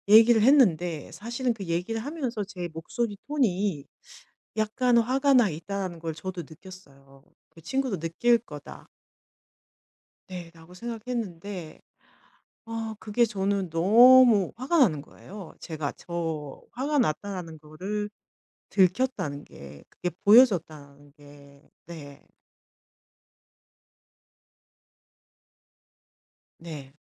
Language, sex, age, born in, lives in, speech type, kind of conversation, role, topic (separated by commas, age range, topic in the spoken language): Korean, female, 50-54, South Korea, Germany, conversation, advice, user, 어떻게 하면 나 자신을 더 잘 받아들이고 스스로에게 더 친절해질 수 있을까요?